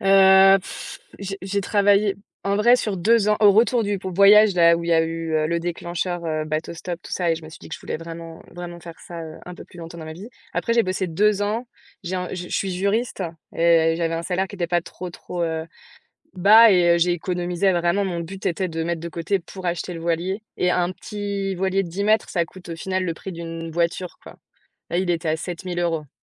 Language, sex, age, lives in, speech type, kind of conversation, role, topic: French, female, 30-34, France, conversation, podcast, guest, Qu’est-ce que ce hobby t’a appris sur toi-même ?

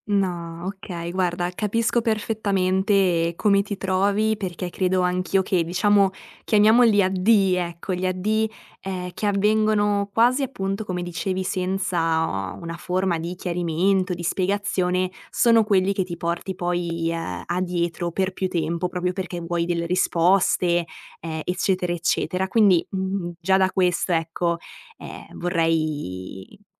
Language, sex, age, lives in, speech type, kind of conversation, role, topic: Italian, female, 20-24, Italy, conversation, advice, advisor, Come posso riprendere i contatti con il mio ex dopo una rottura?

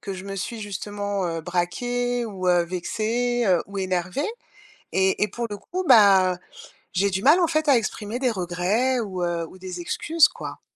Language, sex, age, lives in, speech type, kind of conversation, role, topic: French, female, 50-54, France, conversation, advice, user, Pourquoi ai-je du mal à admettre mes torts et comment présenter des excuses sincères et réparatrices ?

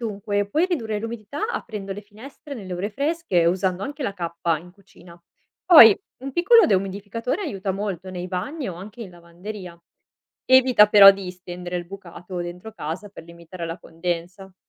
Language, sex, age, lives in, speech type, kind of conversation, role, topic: Italian, female, 25-29, Italy, read, expert-assistant, expert, Perché sentiamo spesso la parola 'umidità' nelle previsioni del tempo? Che cosa significa esattamente?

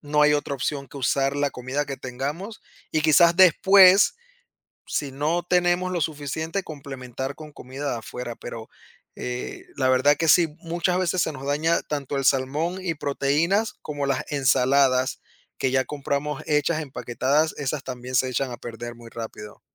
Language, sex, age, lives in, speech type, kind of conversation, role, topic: Spanish, male, 30-34, United States, conversation, podcast, guest, Oye, ¿cómo manejas las comidas entre semana en casa?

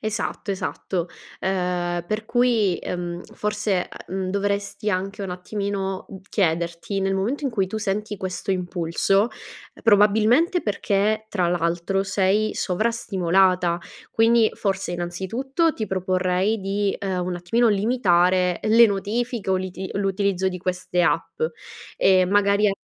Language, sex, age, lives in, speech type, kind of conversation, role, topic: Italian, female, 25-29, Italy, conversation, advice, advisor, In che modo gli acquisti d’impulso rovinano il tuo budget mensile?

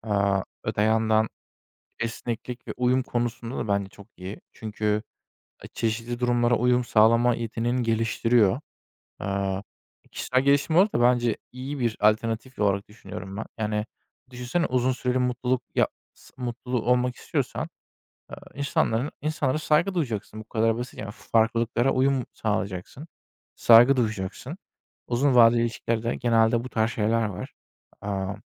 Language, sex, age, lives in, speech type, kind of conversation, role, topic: Turkish, male, 25-29, Germany, conversation, unstructured, none, İlişkide farklılıklara saygı duymanın önemi nedir?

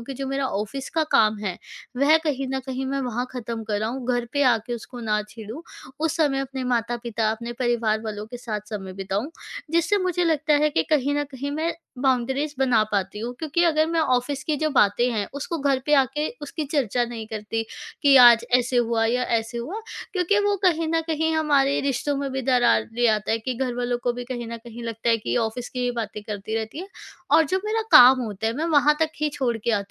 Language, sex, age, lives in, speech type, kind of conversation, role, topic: Hindi, female, 20-24, India, conversation, podcast, guest, Kaise maintain karte ho ghar aur kaam ke beech boundaries?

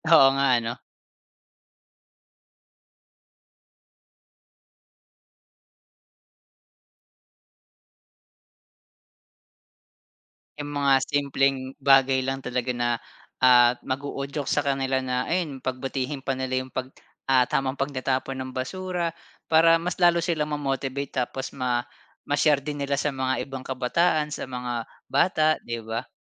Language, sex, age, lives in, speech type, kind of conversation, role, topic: Filipino, male, 30-34, Philippines, conversation, podcast, host, Ano sa tingin mo ang epekto ng basura sa komunidad?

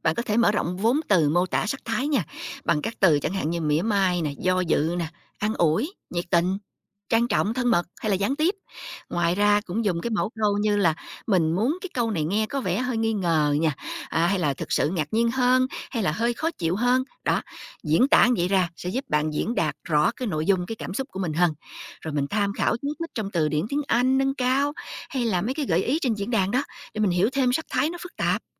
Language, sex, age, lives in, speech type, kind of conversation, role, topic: Vietnamese, female, 45-49, United States, read, expert-assistant, expert, Làm thế nào để luyện khả năng cảm nhận và hiểu ý nghĩa của từ ngữ khi học một ngôn ngữ mới?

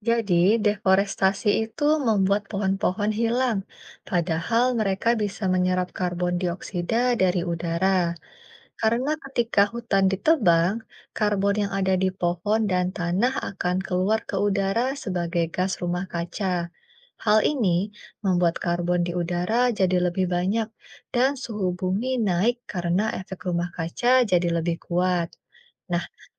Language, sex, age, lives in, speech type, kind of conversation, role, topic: Indonesian, female, 30-34, Indonesia, read, expert-assistant, expert, Apa hubungan antara deforestasi dan pemanasan global?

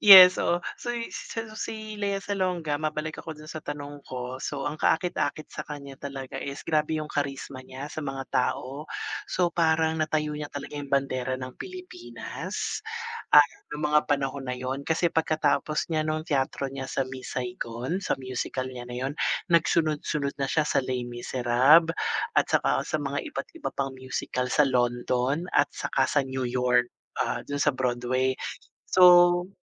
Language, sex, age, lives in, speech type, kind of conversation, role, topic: Filipino, male, 45-49, Philippines, conversation, unstructured, none, Mayroon ka bang iniidolong artista o musikero, at bakit mo siya gusto?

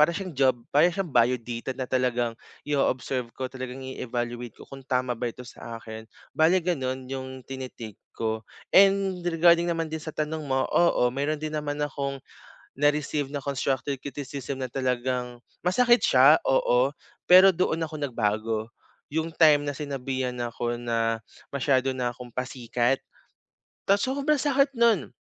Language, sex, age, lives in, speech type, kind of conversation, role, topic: Filipino, male, 25-29, Philippines, conversation, advice, user, Paano ko makikilala at mapapahalagahan ang sarili kong kakayahan at halaga?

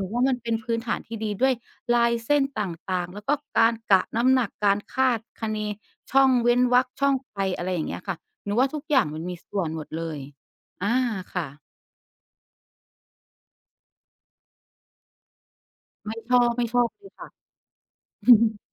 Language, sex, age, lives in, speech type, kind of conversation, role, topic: Thai, female, 35-39, Thailand, conversation, podcast, guest, คุณสร้างนิสัยเขียน วาด หรือทำงานทุกวันได้ยังไง?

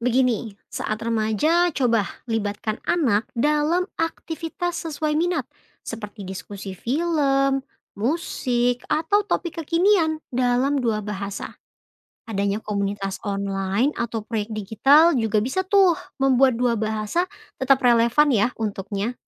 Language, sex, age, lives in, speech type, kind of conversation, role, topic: Indonesian, female, 25-29, Indonesia, read, expert-assistant, expert, Apa manfaat dan tantangan ketika keluarga berbicara dengan dua bahasa di rumah?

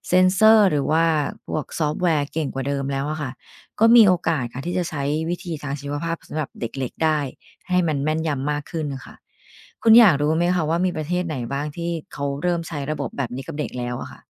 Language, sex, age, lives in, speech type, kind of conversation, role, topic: Thai, female, 45-49, Thailand, read, expert-assistant, expert, ทำไมคนเราจึงมีลายนิ้วมือไม่เหมือนกัน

